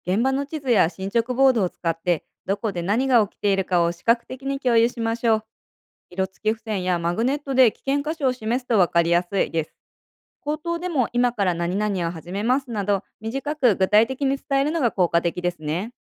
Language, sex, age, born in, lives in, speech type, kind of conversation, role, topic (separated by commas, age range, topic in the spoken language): Japanese, female, 30-34, Japan, United States, read, expert-assistant, expert, 恐竜化石の発掘プロジェクトを計画する時、限られた時間や人手をどうやってうまく使えばいいでしょうか？